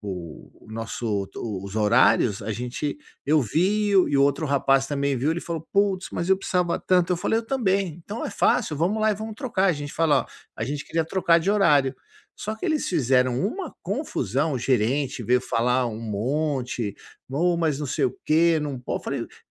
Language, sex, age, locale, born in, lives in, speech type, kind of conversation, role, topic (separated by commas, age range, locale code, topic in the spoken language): Portuguese, male, 50-54, pt-BR, Brazil, United States, conversation, advice, user, Como posso criar limites claros entre o trabalho e a vida pessoal?